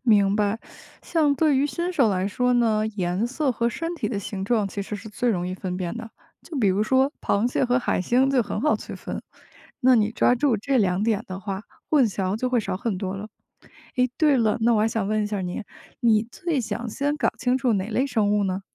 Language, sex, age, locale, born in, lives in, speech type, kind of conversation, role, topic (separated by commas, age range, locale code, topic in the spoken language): Chinese, female, 30-34, zh-CN, China, United States, read, expert-assistant, expert, 如何简单有效地整理和展示海洋生物照片和笔记？